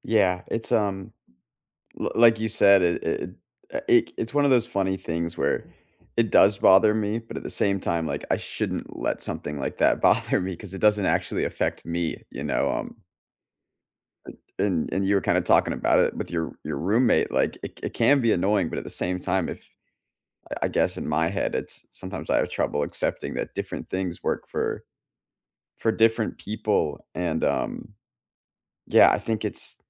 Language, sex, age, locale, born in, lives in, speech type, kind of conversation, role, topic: English, male, 25-29, en-US, United States, United States, conversation, unstructured, none, What kind of work environment helps you do your best, and what helps you sustain it?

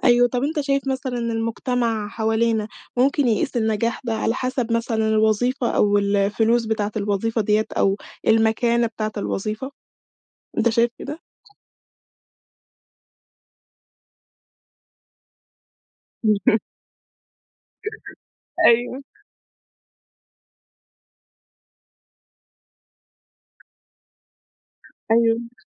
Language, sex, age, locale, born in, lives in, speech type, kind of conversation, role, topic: Arabic, female, 20-24, ar-EG, Egypt, Egypt, conversation, podcast, host, إزاي بتتعامل مع توقعات المجتمع للنجاح؟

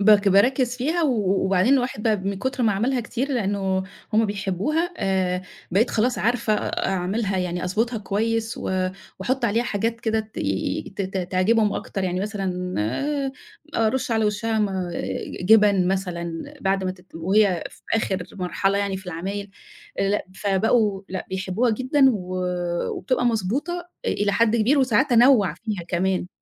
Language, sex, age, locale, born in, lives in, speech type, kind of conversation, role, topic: Arabic, female, 50-54, ar-EG, Egypt, Egypt, conversation, podcast, guest, احكي لنا عن طبق أكلته وبيفكّرك دايمًا بالبيت؟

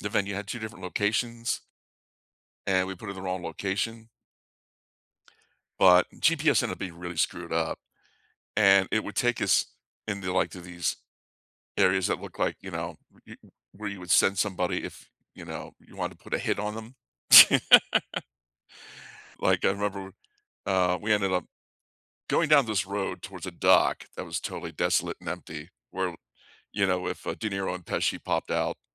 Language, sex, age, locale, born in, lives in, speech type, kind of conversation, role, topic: English, male, 55-59, en-US, United States, United States, conversation, unstructured, none, How do you like to explore a new city?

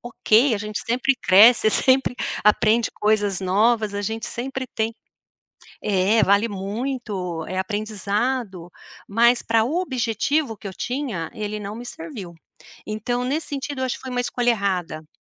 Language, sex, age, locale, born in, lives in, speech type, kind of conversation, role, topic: Portuguese, female, 55-59, pt-BR, Brazil, United States, conversation, podcast, guest, Como você pode aprender com os seus erros sem se punir?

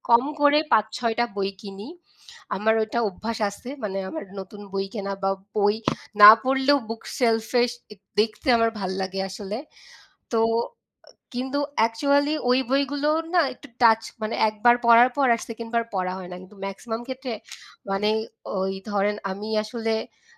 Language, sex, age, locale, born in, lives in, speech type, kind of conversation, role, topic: Bengali, female, 25-29, bn-BD, Bangladesh, Bangladesh, conversation, unstructured, none, বই পড়ার অভ্যাস কীভাবে জীবনে পরিবর্তন আনে?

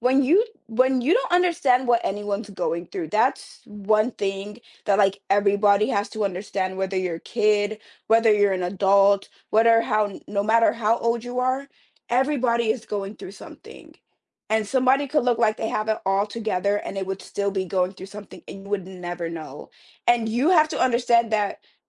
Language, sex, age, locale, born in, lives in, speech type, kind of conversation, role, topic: English, female, 20-24, en-US, United States, United States, conversation, podcast, guest, How have your childhood experiences shaped the person you are today?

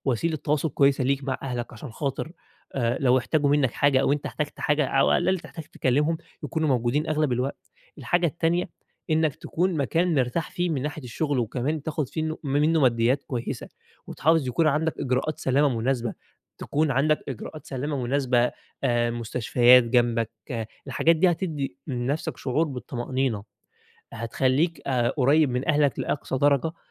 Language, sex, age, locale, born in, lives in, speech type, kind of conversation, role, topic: Arabic, male, 20-24, ar-EG, Egypt, Egypt, conversation, advice, advisor, إزاي أتعامل مع خوفي وقلقي وأنا بسافر وبستكشف أماكن جديدة؟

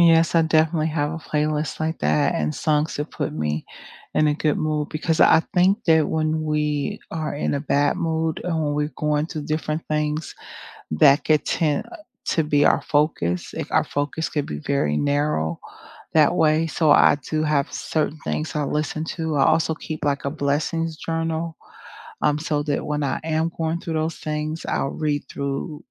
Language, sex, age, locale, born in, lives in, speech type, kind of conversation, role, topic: English, female, 50-54, en-US, United States, United States, conversation, unstructured, none, What music, podcasts, or sounds lift your spirits?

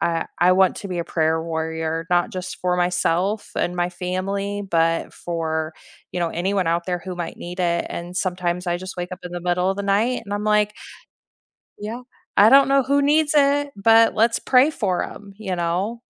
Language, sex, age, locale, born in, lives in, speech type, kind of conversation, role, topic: English, female, 40-44, en-US, United States, United States, conversation, unstructured, none, What is one small daily habit you have started recently that has quietly improved your days, and why?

